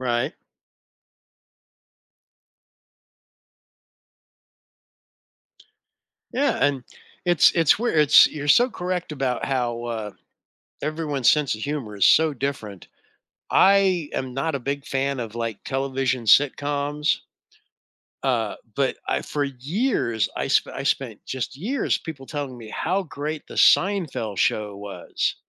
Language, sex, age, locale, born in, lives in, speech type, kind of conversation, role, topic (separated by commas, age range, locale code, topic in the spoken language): English, male, 60-64, en-US, United States, United States, conversation, podcast, host, Why do people find certain types of humor funnier than others?